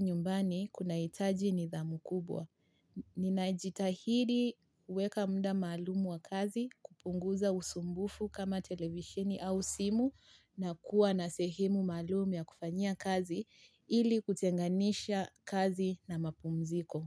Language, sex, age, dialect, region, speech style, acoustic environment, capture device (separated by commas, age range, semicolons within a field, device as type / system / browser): Swahili, female, 25-34, Kenya - Mombasa, Kenya, relaxed, nature, mobile / Linux / Chrome